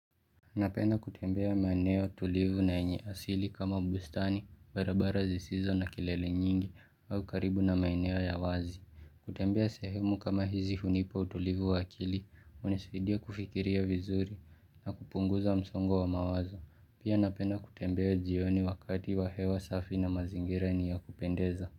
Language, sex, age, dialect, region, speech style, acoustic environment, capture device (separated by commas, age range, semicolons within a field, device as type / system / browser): Swahili, male, 18-24, Kenya - Nairobi Sheng-influenced, Kenya, relaxed, silence, mobile / Linux / Chrome